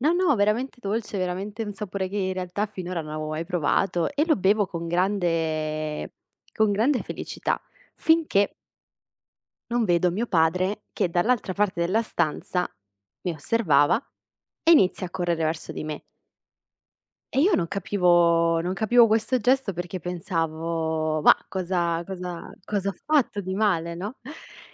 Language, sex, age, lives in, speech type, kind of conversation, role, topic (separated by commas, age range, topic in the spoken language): Italian, female, 25-29, Italy, conversation, podcast, guest, Qual è il ricordo più caro della tua infanzia?